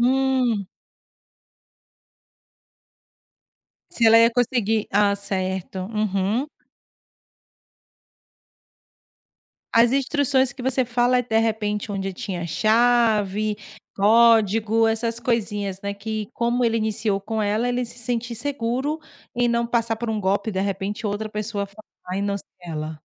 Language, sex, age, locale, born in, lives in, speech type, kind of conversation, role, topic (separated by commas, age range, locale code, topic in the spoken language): Portuguese, female, 35-39, pt-BR, Brazil, Portugal, conversation, podcast, host, Você já passou por um perrengue que virou uma história engraçada?